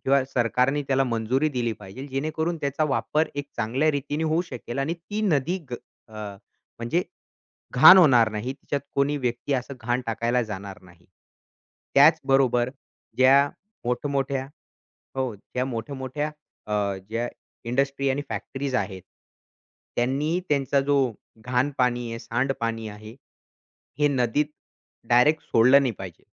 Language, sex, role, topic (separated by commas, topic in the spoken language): Marathi, male, guest, नदी स्वच्छ ठेवण्यासाठी एक सामान्य नागरिक काय करू शकतो?